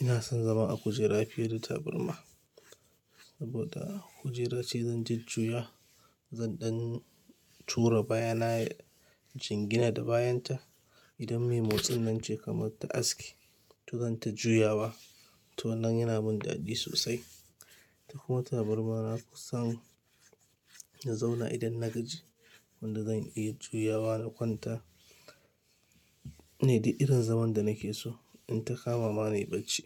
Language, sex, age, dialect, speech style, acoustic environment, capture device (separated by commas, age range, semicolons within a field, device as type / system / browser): Hausa, male, 25-34, Nigeria - Kano, happy, traffic, mobile / Linux / Chrome